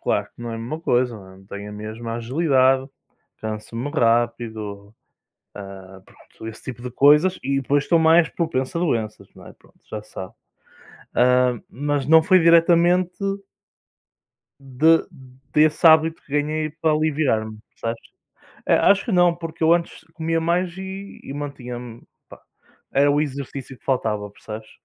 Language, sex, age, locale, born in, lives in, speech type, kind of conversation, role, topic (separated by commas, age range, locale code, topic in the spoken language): Portuguese, male, 20-24, pt-PT, Portugal, Portugal, conversation, unstructured, none, Como você lida com o estresse no dia a dia?